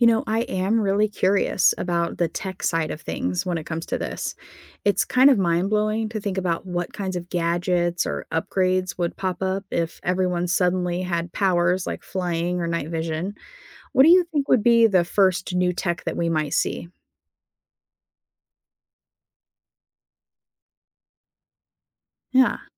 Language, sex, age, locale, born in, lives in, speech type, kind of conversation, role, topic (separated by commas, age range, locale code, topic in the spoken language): English, female, 35-39, en-US, United States, United States, read, expert-assistant, asker, How would society change if humans evolved new traits?